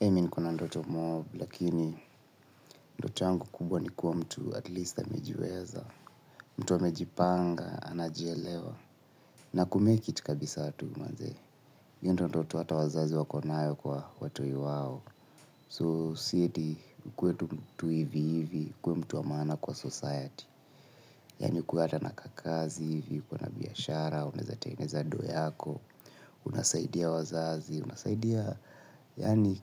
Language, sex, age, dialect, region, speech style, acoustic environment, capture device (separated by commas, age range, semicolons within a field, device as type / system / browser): Swahili, male, 25-34, Kenya - Nairobi Sheng-influenced, Kenya, tired, silence; nature, mobile / Linux / Chrome